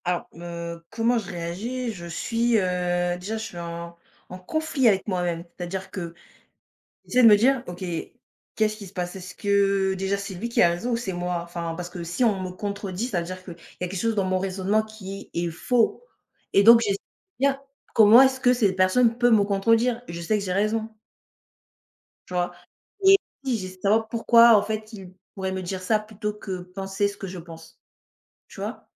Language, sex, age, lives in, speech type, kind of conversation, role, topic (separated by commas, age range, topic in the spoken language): French, female, 20-24, France, conversation, unstructured, none, Comment réagis-tu lorsque quelqu’un te contredit ?